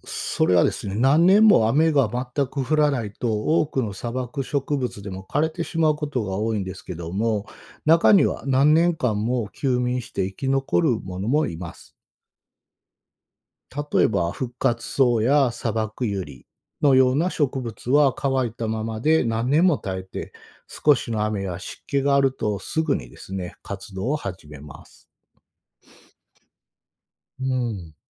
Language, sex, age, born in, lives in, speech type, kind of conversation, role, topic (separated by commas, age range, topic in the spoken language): Japanese, male, 45-49, Japan, Japan, read, expert-assistant, expert, なぜ砂漠には雨が少ないのでしょうか？